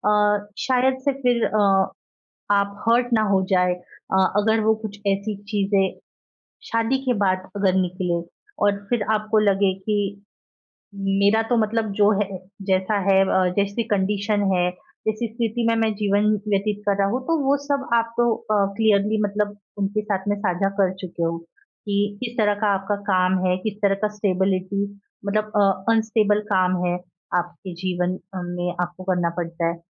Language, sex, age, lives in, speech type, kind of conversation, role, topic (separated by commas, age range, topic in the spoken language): Hindi, female, 25-29, India, conversation, advice, advisor, क्या आप शादी करनी है या नहीं, इसे लेकर असमंजस में हैं?